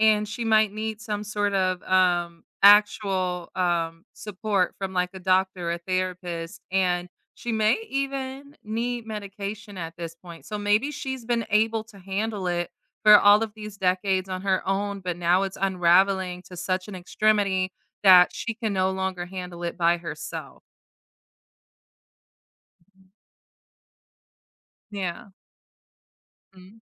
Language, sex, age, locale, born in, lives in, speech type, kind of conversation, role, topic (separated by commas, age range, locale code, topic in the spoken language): English, female, 30-34, en-US, United States, United States, conversation, advice, advisor, How can I cope with a messy breakup?